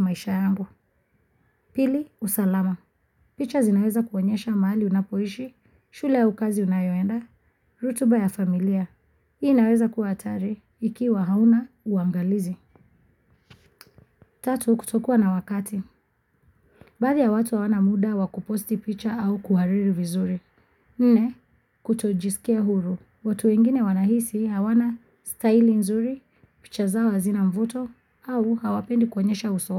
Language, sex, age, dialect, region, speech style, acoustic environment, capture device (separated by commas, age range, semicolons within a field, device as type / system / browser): Swahili, female, 25-34, Kenya - Mombasa, Kenya, focused, nature; silence, mobile / Linux / Chrome